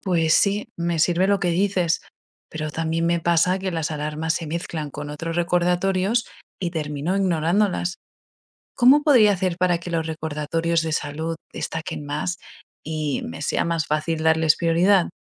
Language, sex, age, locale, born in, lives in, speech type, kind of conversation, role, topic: Spanish, female, 25-29, es-ES, Spain, United States, read, expert-assistant, asker, ¿Cómo puedo organizarme para no olvidar mis chequeos de salud?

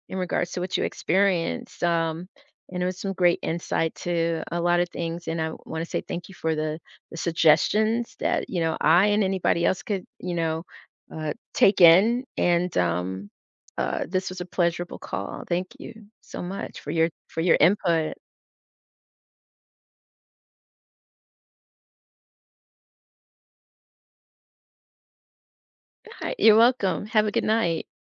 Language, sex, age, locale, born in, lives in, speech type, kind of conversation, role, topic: English, female, 55-59, en-US, United States, United States, conversation, podcast, host, What surprised you most while traveling?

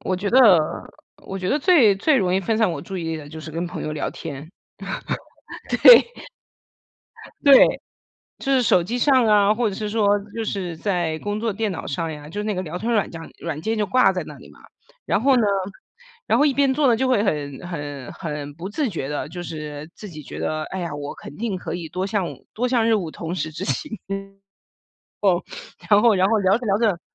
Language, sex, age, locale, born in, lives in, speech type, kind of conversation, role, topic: Chinese, female, 40-44, zh-CN, China, United States, conversation, advice, user, 我总是在开始复杂任务时拖延，怎样才能准备好并专注工作？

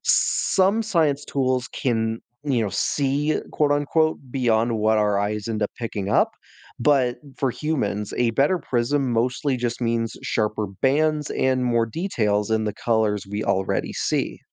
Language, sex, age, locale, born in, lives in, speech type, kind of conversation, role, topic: English, male, 20-24, en-US, United States, United States, read, expert-assistant, expert, How does a prism separate white light into different colors?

